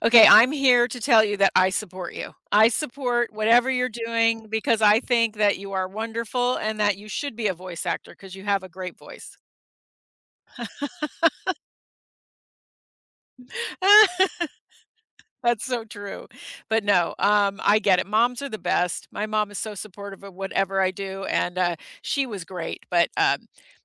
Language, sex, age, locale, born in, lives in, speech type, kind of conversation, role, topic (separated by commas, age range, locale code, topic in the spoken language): English, female, 60-64, en-US, United States, United States, conversation, unstructured, none, Why do some people get angry when they lose at a hobby?